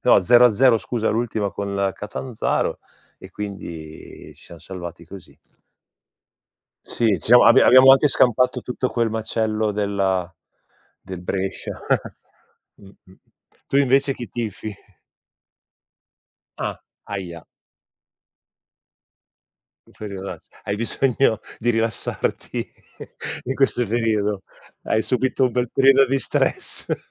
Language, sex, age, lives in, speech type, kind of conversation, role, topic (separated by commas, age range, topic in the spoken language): Italian, male, 45-49, Italy, conversation, unstructured, none, Quali attività ti aiutano a sentirti più calmo e sereno?